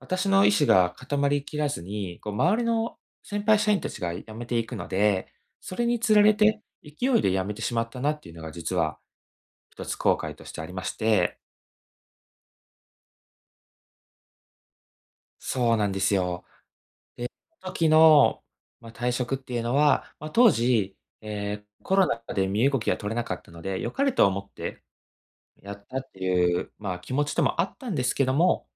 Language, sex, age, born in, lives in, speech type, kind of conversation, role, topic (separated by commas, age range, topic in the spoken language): Japanese, male, 25-29, Japan, Japan, conversation, advice, user, 失敗を学びに変えるために、拒絶や否定にどう向き合えばよいですか？